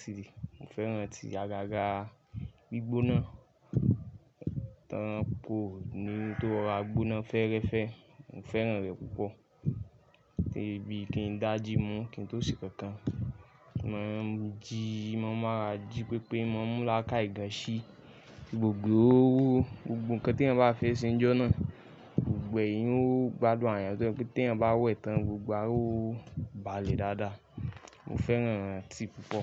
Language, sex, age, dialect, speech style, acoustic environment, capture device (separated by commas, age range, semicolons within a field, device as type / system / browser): Yoruba, male, 25-34, Nigeria - Lagos Yoruba, focused, nature, mobile / Linux / Chrome